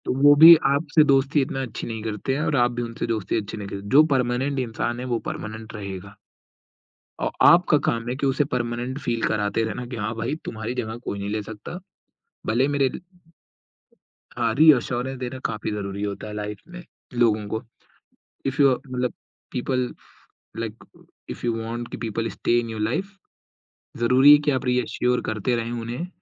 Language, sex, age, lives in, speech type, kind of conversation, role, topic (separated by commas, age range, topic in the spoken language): Hindi, male, 20-24, India, conversation, podcast, guest, Apne doston aur jaan-pehchaan ke circle ko behtar banane ka tumhara tareeka kya hai?